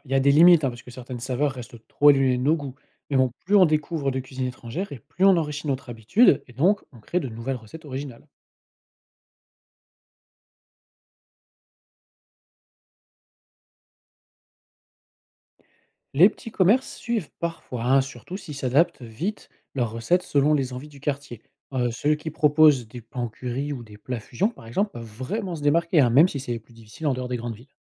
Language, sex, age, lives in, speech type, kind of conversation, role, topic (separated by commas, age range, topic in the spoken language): French, male, 40-44, France, read, expert-assistant, expert, Comment le commerce international change-t-il nos habitudes de consommation au quotidien ?